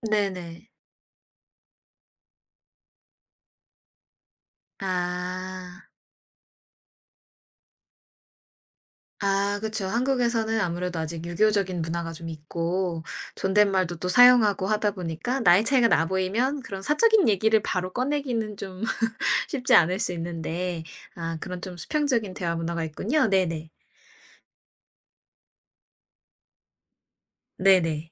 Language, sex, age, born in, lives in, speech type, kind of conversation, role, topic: Korean, female, 25-29, South Korea, United States, conversation, podcast, host, 새로운 모임에서 자연스럽게 대화를 시작하려면 어떻게 해야 하나요?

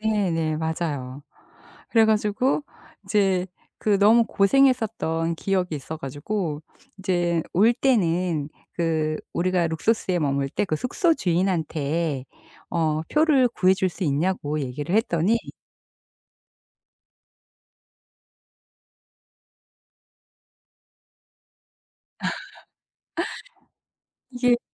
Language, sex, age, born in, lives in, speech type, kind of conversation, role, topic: Korean, female, 55-59, South Korea, United States, conversation, podcast, guest, 여행 중에 정말 위험했던 순간이 있었나요?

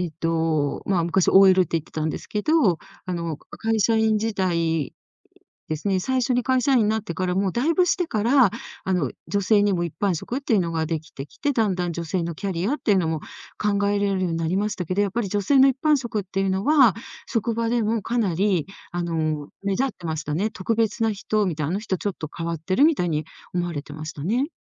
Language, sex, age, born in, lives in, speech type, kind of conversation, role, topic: Japanese, female, 60-64, Japan, Japan, conversation, podcast, guest, 大学に進学するか就職するかは、どのように考えて選べばよいですか？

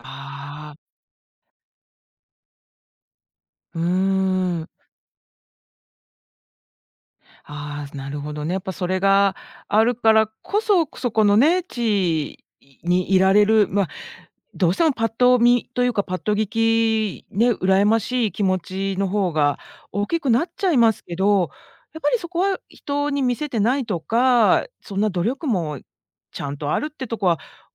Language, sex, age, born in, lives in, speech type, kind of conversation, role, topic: Japanese, female, 50-54, Japan, United States, conversation, podcast, host, 人生で、偶然が転機になったことはありますか？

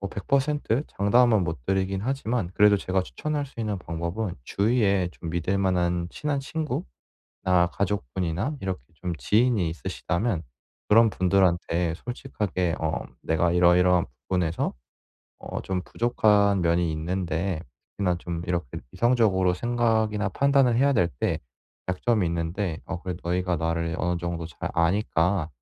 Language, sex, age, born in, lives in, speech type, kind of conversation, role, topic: Korean, male, 25-29, South Korea, South Korea, conversation, advice, advisor, 중요한 결정을 할 때 이성과 직관의 균형을 어떻게 잡을 수 있을까요?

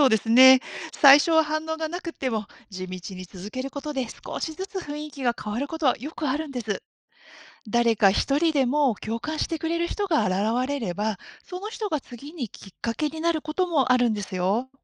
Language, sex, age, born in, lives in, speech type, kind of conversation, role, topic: Japanese, female, 50-54, Japan, Japan, read, expert-assistant, expert, 『起業家精神』って、日常生活や仕事でどんな意味を持つ言葉ですか？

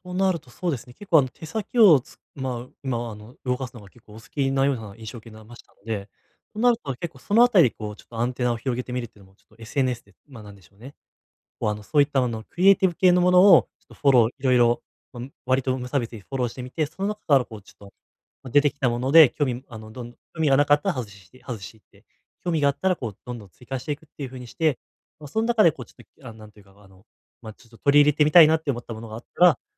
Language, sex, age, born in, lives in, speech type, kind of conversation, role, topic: Japanese, male, 20-24, Japan, Japan, conversation, advice, advisor, ストレスがたまったときに無駄遣いを防ぐには、どんな習慣を身につければよいですか？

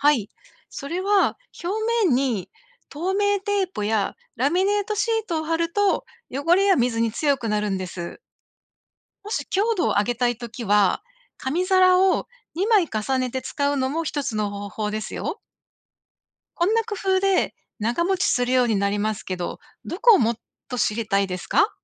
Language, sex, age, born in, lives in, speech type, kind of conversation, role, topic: Japanese, female, 55-59, Japan, United States, read, expert-assistant, expert, 紙皿で作る壁飾りの作り方を説明してください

